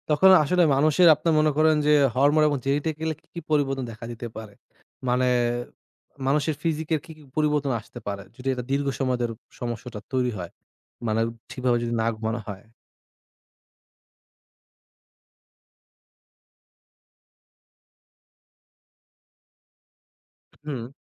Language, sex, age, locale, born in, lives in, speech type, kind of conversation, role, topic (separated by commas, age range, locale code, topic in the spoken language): Bengali, male, 20-24, bn-BD, Bangladesh, Bangladesh, conversation, podcast, host, ঘুমের সঙ্গে সুস্থতার সম্পর্ক কেমন?